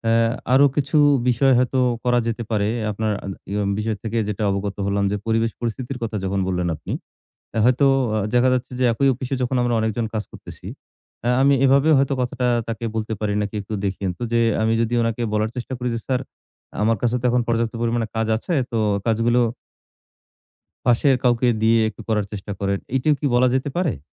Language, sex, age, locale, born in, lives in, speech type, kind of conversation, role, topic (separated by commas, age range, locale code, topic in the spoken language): Bengali, male, 40-44, bn-BD, Bangladesh, Bangladesh, conversation, advice, user, কাজে না বলতে না পারায় আপনি কি অতিরিক্ত দায়িত্বের চাপে ভুগছেন?